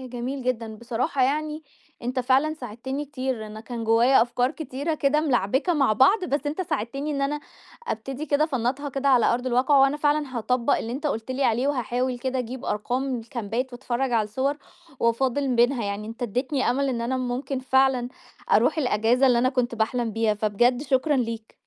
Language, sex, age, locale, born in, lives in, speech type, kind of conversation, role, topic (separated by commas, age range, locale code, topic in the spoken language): Arabic, female, 30-34, ar-EG, Egypt, Egypt, conversation, advice, user, إزاي أظبط ميزانية السفر والصرف في الإجازة عشان أستمتع من غير ما أصرف كتير؟